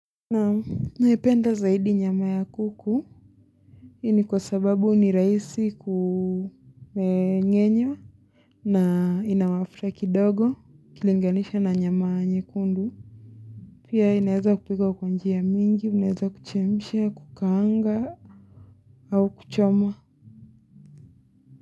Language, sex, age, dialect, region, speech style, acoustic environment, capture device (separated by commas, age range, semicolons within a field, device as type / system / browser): Swahili, female, 25-34, Kenya - Mombasa, Kenya, relaxed; focused, silence, mobile / Linux / Chrome